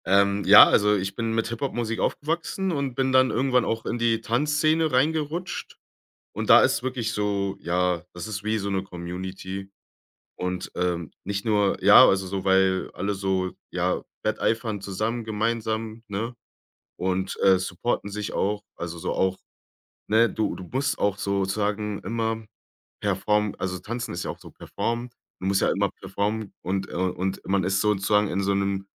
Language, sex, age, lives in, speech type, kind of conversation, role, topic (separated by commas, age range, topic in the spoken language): German, male, 25-29, Germany, conversation, podcast, guest, Wie findest du Menschen, mit denen du dich wirklich verbunden fühlst?